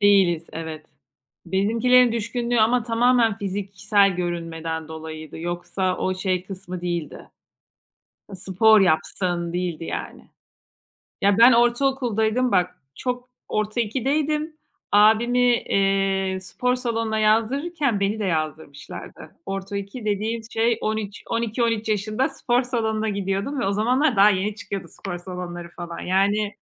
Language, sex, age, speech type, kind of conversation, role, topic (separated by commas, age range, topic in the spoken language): Turkish, female, 40-44, conversation, unstructured, none, Düzenli spor yapmanın sence ne gibi faydaları var?